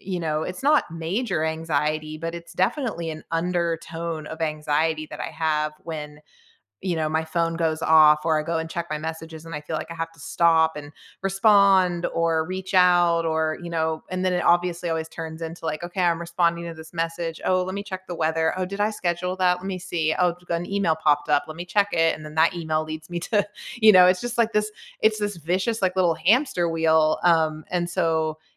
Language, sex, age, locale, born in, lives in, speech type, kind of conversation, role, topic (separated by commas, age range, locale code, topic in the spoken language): English, female, 40-44, en-US, United States, United States, conversation, unstructured, none, When was the last time you felt truly rested, and why?